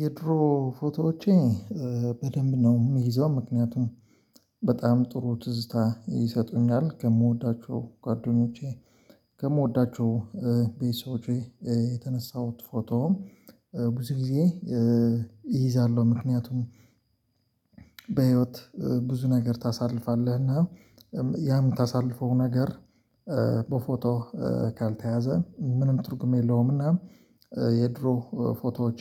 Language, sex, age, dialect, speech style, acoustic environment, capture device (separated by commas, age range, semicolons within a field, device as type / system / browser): Amharic, male, 25-34, Ethiopia - Addis Ababa, focused, silence, mobile / Linux / Chrome